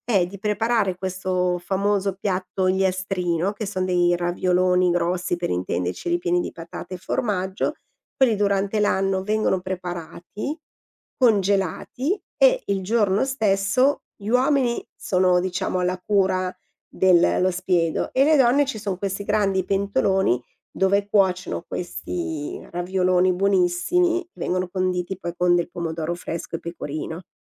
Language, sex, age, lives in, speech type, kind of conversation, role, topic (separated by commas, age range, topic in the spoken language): Italian, female, 50-54, Italy, conversation, podcast, guest, Che importanza hanno i pasti condivisi nel creare comunità?